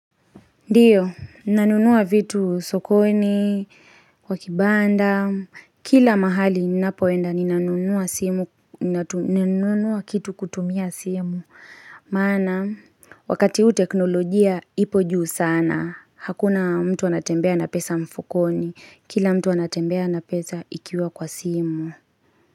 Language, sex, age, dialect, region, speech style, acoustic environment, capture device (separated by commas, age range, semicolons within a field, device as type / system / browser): Swahili, female, 25-34, Kenya - Kiambu, Kenya, focused, silence, mobile / Linux / Chrome